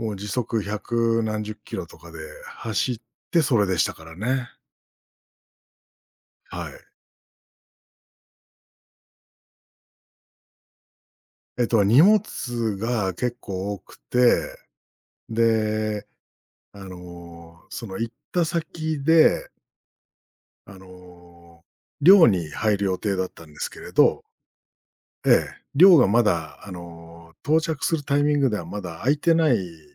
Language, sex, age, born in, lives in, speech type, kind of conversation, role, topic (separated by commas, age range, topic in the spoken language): Japanese, male, 45-49, Japan, Japan, conversation, podcast, guest, 初めての一人旅で一番印象に残ったことは何ですか？